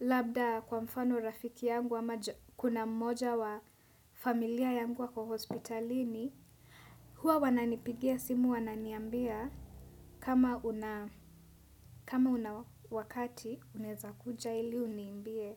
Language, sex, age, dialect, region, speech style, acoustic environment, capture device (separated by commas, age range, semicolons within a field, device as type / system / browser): Swahili, female, 18-24, Kenya - Nairobi Sheng-influenced, Kenya, nervous; focused, traffic; music, desktop / Windows / Chrome